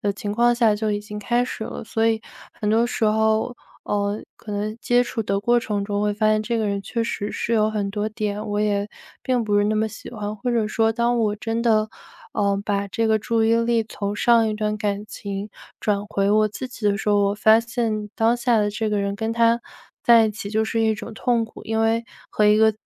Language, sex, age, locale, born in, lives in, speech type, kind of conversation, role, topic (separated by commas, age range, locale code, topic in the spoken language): Chinese, female, 25-29, zh-CN, China, United States, conversation, advice, user, 分手后，你为什么会频繁投入短暂的恋爱来麻痹自己？